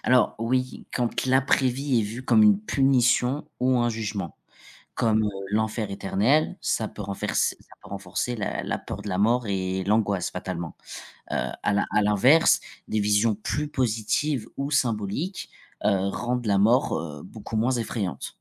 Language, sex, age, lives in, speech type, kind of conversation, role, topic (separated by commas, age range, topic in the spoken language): French, male, 25-29, France, read, expert-assistant, expert, Comment les religions influencent-elles notre vision de la vie après la mort ?